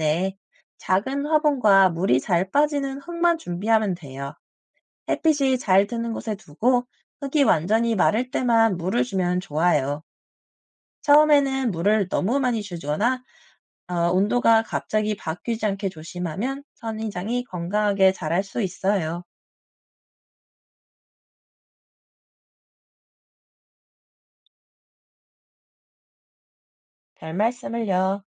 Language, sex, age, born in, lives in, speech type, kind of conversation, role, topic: Korean, male, 30-34, South Korea, France, read, expert-assistant, expert, 왜 선인장은 가시가 있나요?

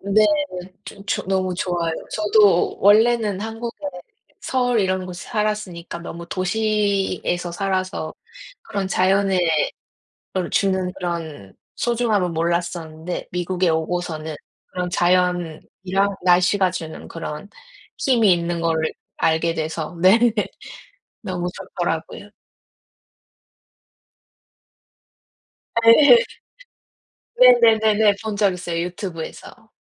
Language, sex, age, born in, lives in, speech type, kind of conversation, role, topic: Korean, female, 30-34, South Korea, United States, conversation, unstructured, none, 우리 동네에서 가장 좋아하는 장소는 어디인가요?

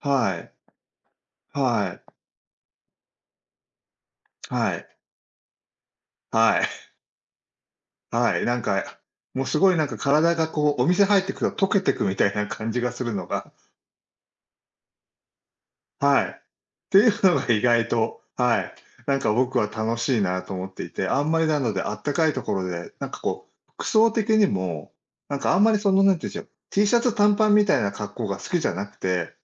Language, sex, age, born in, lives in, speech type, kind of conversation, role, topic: Japanese, male, 60-64, Japan, Japan, conversation, unstructured, none, 旅行でいちばん楽しかった瞬間はどんなときでしたか？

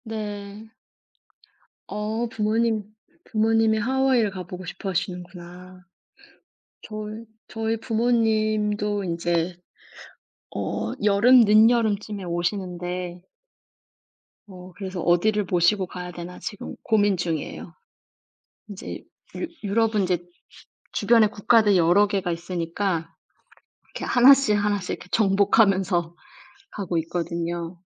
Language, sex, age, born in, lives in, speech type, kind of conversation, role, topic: Korean, female, 35-39, South Korea, Germany, conversation, unstructured, none, 미래에 가족과 어떤 시간을 보내고 싶으신가요?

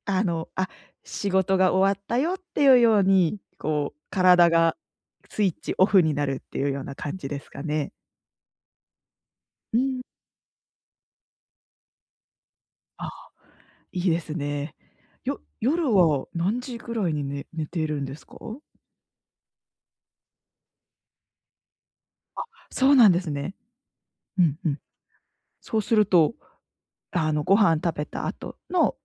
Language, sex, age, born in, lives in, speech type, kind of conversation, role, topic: Japanese, female, 25-29, Japan, Japan, conversation, advice, advisor, 毎日の忙しさの中で、休む時間をどう習慣にできますか？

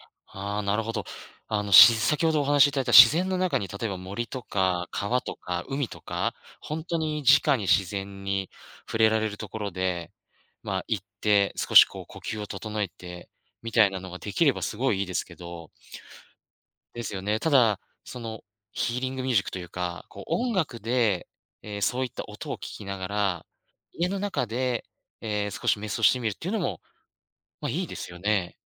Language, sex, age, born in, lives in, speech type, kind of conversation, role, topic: Japanese, male, 35-39, Japan, Japan, conversation, podcast, host, 自然の中でマインドフルネスを始めるには、まず何をすればよいですか？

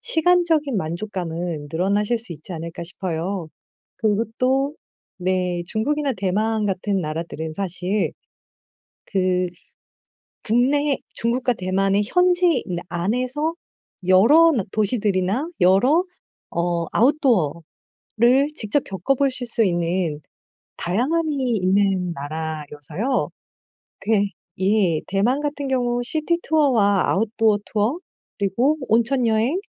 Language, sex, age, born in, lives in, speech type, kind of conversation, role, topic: Korean, female, 40-44, South Korea, South Korea, conversation, advice, advisor, 짧은 휴가를 가장 효율적으로 보내는 방법은 무엇인가요?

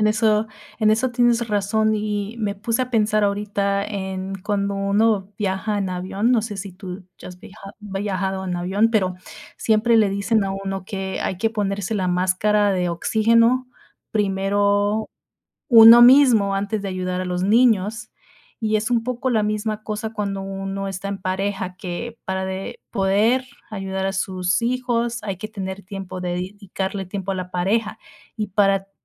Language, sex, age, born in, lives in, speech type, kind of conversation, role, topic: Spanish, female, 30-34, United States, United States, conversation, unstructured, none, ¿Cómo se puede mantener viva la chispa en una relación de pareja?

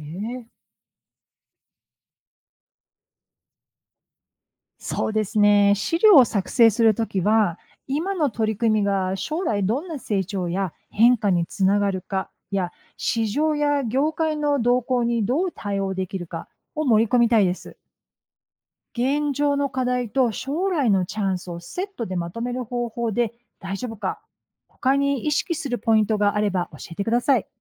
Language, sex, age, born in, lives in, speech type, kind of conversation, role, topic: Japanese, female, 55-59, United States, United States, read, expert-assistant, asker, 戦略的思考力を高めるために日常的にできるトレーニング方法や習慣にはどんなものがありますか？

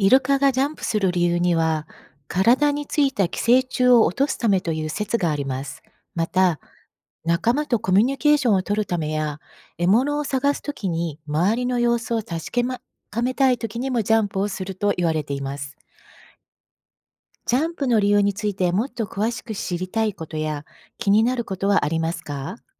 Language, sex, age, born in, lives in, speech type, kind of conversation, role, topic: Japanese, female, 55-59, Japan, United States, read, expert-assistant, expert, なぜイルカはジャンプするのか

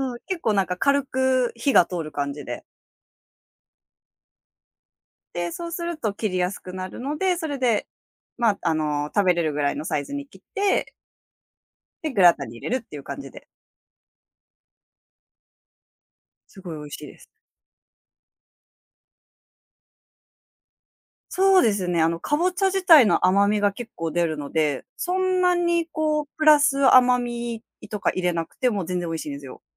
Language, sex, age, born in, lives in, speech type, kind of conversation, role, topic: Japanese, female, 30-34, Japan, Japan, conversation, podcast, guest, 毎日の食事で大切にしていることは何ですか？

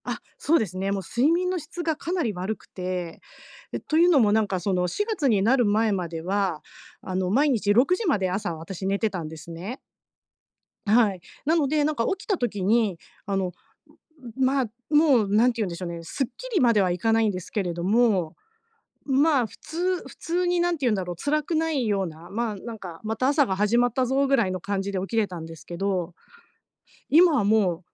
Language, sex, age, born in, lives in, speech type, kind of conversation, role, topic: Japanese, female, 50-54, Japan, Japan, conversation, advice, user, 睡眠の質を改善して朝すっきり起きる方法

